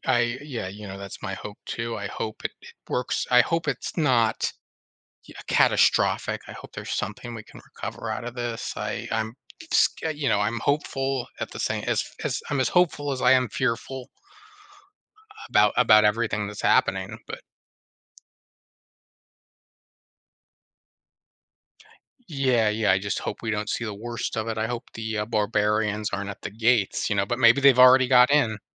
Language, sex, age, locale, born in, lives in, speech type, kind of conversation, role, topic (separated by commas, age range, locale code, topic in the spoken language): English, male, 35-39, en-US, United States, United States, conversation, podcast, guest, Why is it important to learn from history when making decisions today?